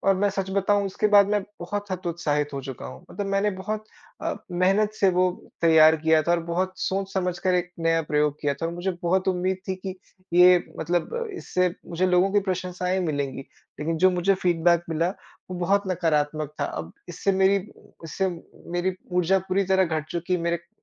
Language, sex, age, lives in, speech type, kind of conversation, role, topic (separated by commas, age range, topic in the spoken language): Hindi, male, 25-29, India, conversation, advice, user, परफॉर्मेंस रिव्यू में नकारात्मक फीडबैक संभालना